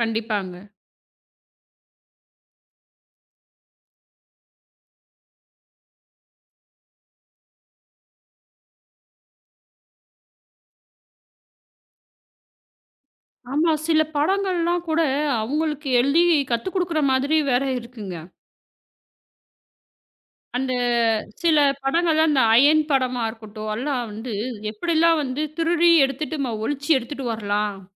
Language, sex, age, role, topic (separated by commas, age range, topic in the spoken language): Tamil, female, 35-39, host, சினிமா கதைகள் நமக்கு கருத்துகளை உருவாக்கவும் மாற்றவும் எப்படி உதவுகின்றன?